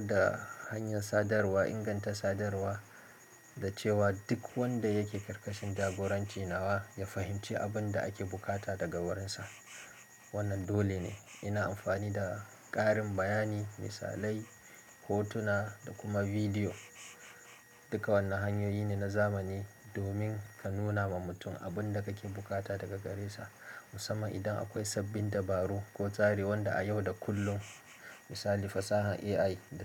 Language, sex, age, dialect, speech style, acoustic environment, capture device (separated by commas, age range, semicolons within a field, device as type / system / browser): Hausa, male, 25-34, Nigeria - Kano, relaxed; focused, silence; appliances, mobile / Linux / Chrome